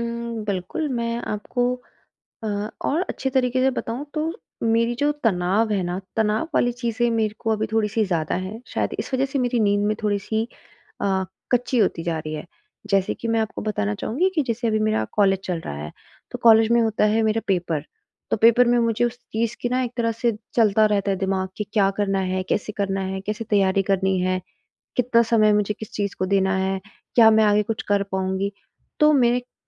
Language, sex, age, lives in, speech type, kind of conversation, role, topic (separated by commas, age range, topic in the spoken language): Hindi, female, 25-29, India, conversation, advice, user, मैं रोज़ एक ही समय पर सोने की आदत कैसे बना सकता/सकती हूँ?